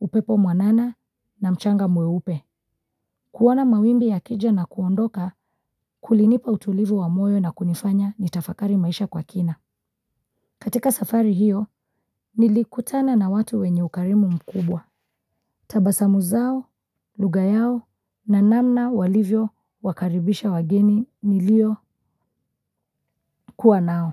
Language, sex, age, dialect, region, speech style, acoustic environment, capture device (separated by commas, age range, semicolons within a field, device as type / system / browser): Swahili, female, 25-34, Kenya - Mombasa, Kenya, relaxed, silence, mobile / Linux / Chrome